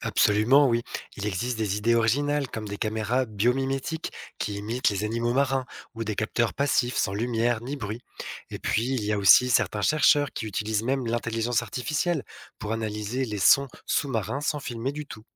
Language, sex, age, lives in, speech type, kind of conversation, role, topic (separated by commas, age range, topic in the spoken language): French, male, 35-39, France, read, expert-assistant, expert, Quelles idées originales pourrait-on imaginer pour observer la vie sous-marine sans perturber les écosystèmes ?